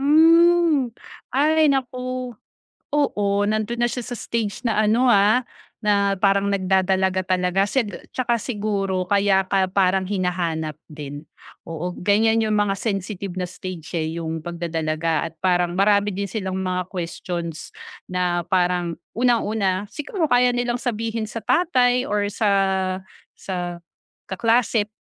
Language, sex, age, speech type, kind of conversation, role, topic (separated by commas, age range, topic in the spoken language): Filipino, female, 40-44, conversation, advice, advisor, Paano ko mahahati nang maayos ang oras ko para sa pamilya at sa sarili?